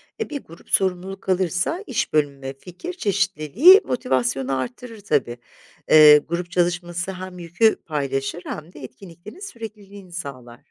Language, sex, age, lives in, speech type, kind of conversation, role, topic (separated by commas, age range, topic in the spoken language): Turkish, female, 50-54, Italy, read, expert-assistant, expert, Bir dilin kaybolmaya başladığını günlük hayatta nasıl anlayabiliriz?